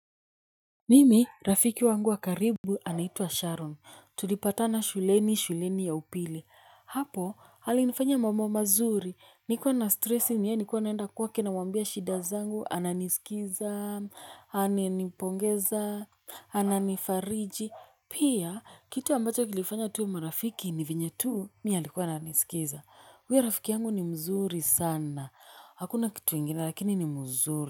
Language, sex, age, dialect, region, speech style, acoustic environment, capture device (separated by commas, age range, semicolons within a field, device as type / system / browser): Swahili, female, 25-34, Kenya - Nairobi Sheng-influenced, Kenya, happy; nervous, people talking; music, mobile / Linux / Chrome